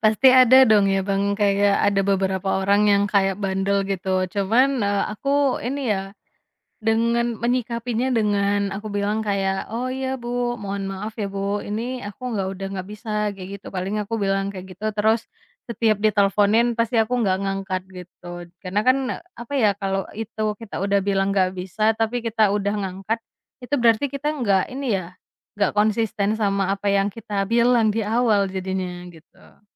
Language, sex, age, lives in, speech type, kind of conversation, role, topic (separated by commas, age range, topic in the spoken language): Indonesian, female, 25-29, Indonesia, conversation, podcast, guest, Pernahkah Anda merasa kewalahan karena notifikasi, dan bagaimana cara mengatasinya?